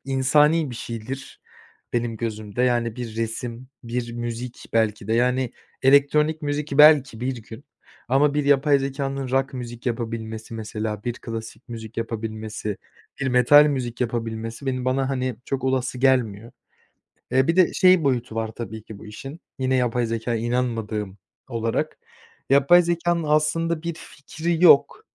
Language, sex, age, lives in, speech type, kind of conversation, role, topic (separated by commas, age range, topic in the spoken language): Turkish, male, 20-24, Poland, conversation, podcast, guest, Teknoloji öğrenmeyi nasıl kolaylaştırır?